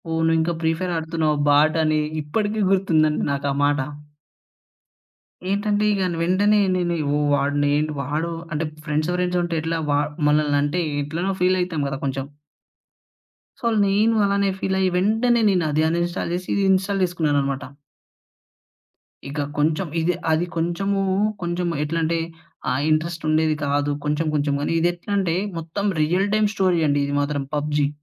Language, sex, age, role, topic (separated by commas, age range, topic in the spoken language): Telugu, male, 20-24, guest, మీరు ఫోన్‌లో స్క్రీన్‌ చూసే సమయాన్ని తగ్గించిన అనుభవాన్ని చెప్పగలరా?